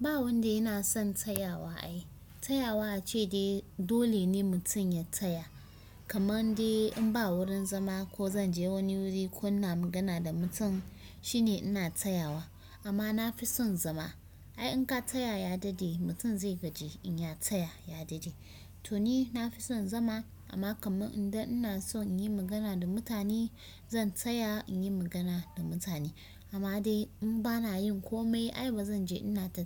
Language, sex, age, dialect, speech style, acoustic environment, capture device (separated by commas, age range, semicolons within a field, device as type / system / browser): Hausa, female, 25-34, Nigeria - Kano, focused, silence, desktop / Windows / Chrome